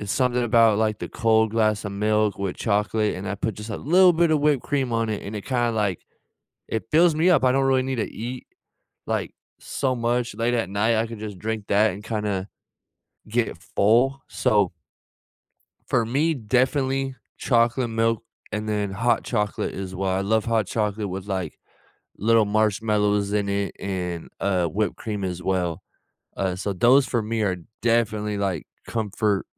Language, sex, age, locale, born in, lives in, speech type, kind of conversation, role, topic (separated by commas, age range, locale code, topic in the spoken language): English, male, 25-29, en-US, United States, United States, conversation, unstructured, none, What simple, nourishing comfort foods do you reach for on tough or busy days, and why?